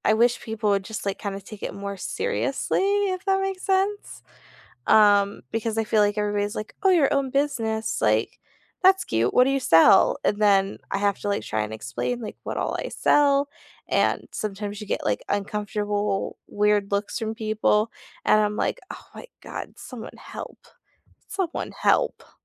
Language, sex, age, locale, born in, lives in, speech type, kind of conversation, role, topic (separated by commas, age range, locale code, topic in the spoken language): English, female, 25-29, en-US, United States, United States, conversation, unstructured, none, What challenge did you overcome this month, big or small?